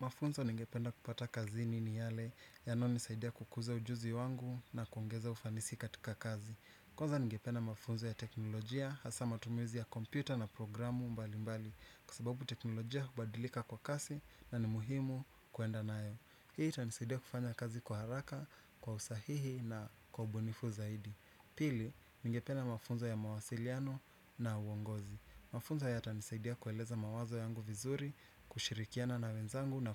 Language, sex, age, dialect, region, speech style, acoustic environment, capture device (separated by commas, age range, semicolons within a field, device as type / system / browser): Swahili, male, 25-34, Kenya - Nairobi Sheng-influenced, Kenya, anxious; relaxed; nervous, nature; appliances, desktop / Windows / Chrome